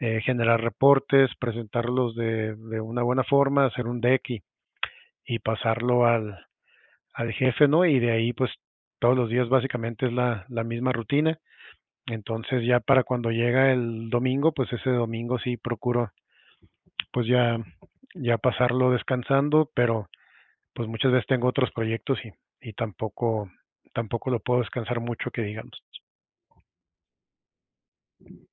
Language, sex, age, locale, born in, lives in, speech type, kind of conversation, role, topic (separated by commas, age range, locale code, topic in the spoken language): Spanish, male, 40-44, es-MX, Mexico, Mexico, conversation, advice, user, ¿Cómo puedo encontrar tiempo para tomarme vacaciones este año?